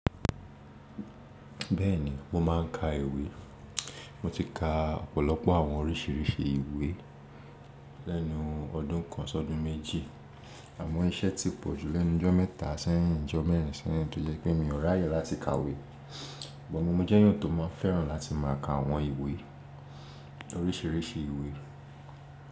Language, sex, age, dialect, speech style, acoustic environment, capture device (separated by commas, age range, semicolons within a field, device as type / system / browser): Yoruba, male, 25-34, Nigeria - Lagos Yoruba, relaxed, silence, mobile / Linux / Chrome